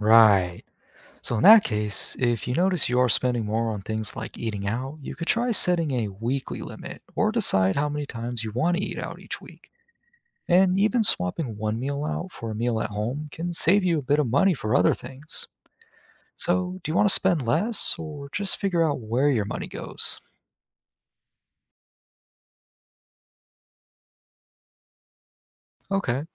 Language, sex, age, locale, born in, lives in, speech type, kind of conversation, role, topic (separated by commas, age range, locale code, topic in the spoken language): English, male, 20-24, en-US, United States, United States, read, expert-assistant, expert, How can creating a budget help you manage your money better?